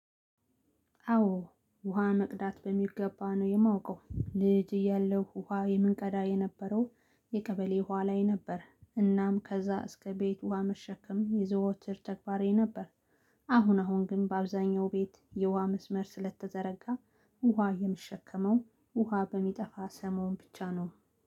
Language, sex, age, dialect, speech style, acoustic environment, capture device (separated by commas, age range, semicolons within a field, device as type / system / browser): Amharic, female, 35-44, Ethiopia - Gondar, relaxed, silence, mobile / Linux / Chrome